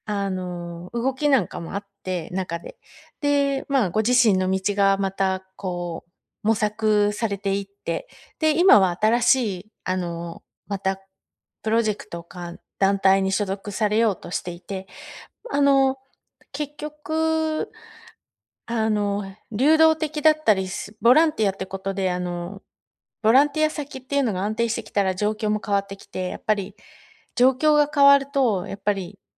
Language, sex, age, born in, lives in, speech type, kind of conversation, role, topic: Japanese, female, 45-49, Japan, United States, conversation, advice, advisor, どうすればボランティア活動を無理なく長く続けられますか？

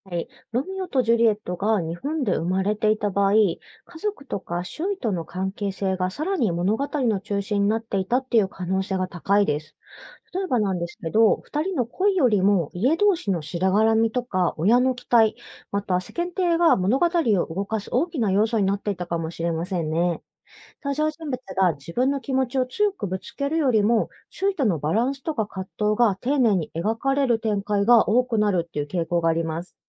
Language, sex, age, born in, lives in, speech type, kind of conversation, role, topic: Japanese, female, 30-34, Japan, Japan, read, expert-assistant, expert, もし世界の名作小説が日本で生まれていたら、どんな物語になっていたと思いますか？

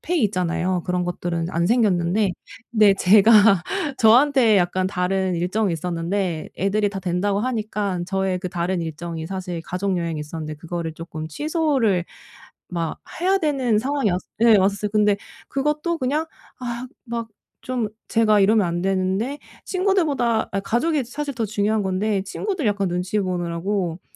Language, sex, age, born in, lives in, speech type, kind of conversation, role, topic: Korean, female, 35-39, South Korea, Germany, conversation, advice, user, 주변의 시선 때문에 하고 싶은 일을 못 할 때, 어떻게 하면 흔들리지 않을 수 있을까요?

